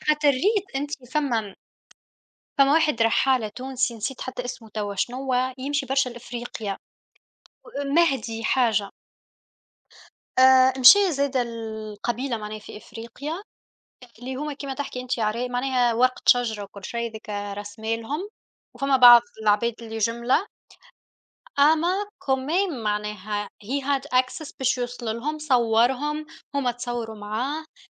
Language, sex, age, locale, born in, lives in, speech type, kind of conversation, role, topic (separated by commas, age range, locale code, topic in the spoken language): Arabic, female, 25-29, ar-TN, Tunisia, Germany, conversation, unstructured, none, إيه العصر التاريخي اللي نفسك تعيش فيه؟